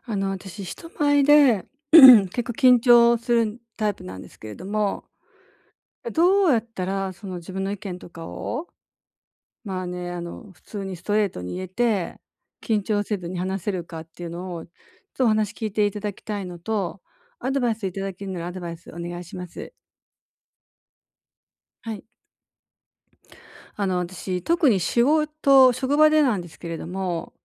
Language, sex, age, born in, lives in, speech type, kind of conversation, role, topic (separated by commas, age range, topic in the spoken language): Japanese, female, 60-64, Japan, Japan, conversation, advice, user, グループで緊張せずに意見を言うにはどうすればいいですか？